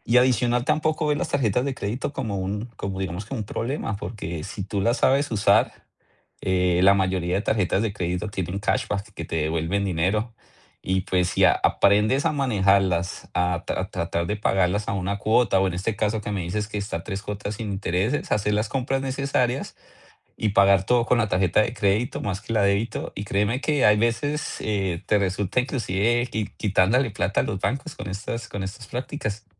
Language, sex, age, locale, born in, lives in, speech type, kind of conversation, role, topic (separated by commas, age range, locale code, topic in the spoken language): Spanish, male, 40-44, es-CO, Colombia, United States, conversation, advice, advisor, ¿Cómo has gastado más de lo planeado con tarjetas y cómo manejas la culpa que eso te genera?